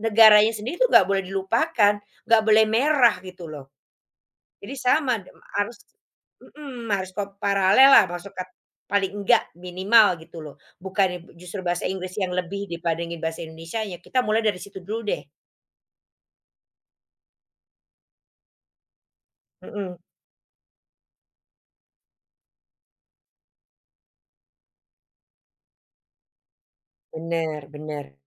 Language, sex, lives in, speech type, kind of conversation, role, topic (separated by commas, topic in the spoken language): Indonesian, female, Netherlands, conversation, unstructured, none, Apa yang membuat budaya kita rentan terhadap pengaruh dari luar?